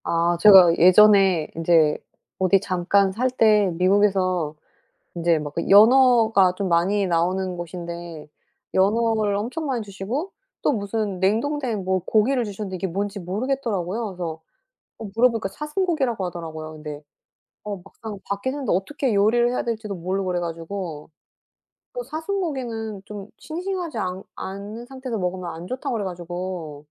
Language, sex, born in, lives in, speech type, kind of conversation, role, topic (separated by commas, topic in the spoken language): Korean, female, South Korea, South Korea, conversation, unstructured, none, 여행지에서 먹어 본 음식 중 가장 특이했던 것은 무엇인가요?